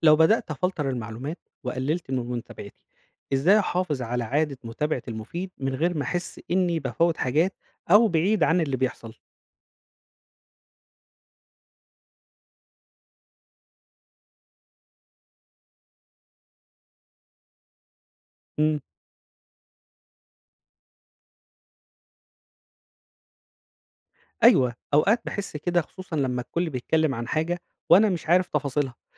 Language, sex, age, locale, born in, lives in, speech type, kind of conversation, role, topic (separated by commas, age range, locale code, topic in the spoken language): Arabic, male, 35-39, ar-EG, Egypt, Egypt, read, expert-assistant, asker, إيه الأسلوب اللي ممكن أستخدمه عشان أفرّق بين الإعلام المفيد واللي بيضيع وقتي؟